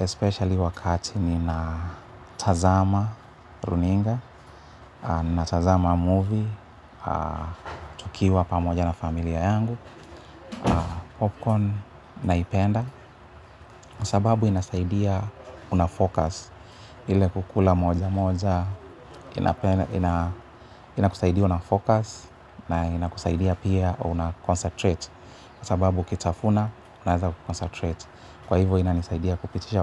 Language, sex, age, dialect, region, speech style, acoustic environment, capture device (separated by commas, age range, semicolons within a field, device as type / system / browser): Swahili, male, 35-44, Kenya - Nairobi Sheng-influenced, Kenya, happy; relaxed; excited; nervous, people talking; appliances, mobile / Linux / Chrome